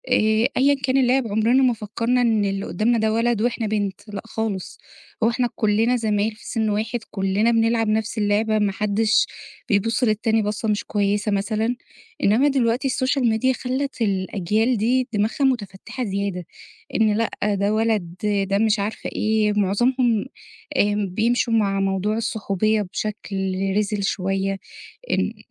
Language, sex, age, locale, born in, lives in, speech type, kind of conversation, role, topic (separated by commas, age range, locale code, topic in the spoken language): Arabic, female, 30-34, ar-EG, Egypt, Egypt, conversation, podcast, guest, إيه هي التقاليد اللي اتربّيت عليها، وإزاي لسه مأثرة فيك لحد دلوقتي؟